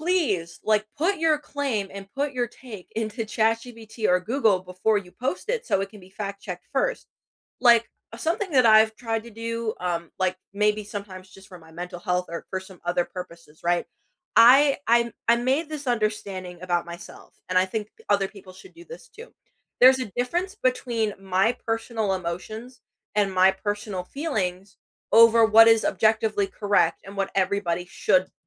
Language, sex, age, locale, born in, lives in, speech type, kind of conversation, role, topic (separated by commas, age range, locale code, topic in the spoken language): English, female, 18-19, en-US, United States, United States, conversation, unstructured, none, What is your go-to way to keep up with the news these days?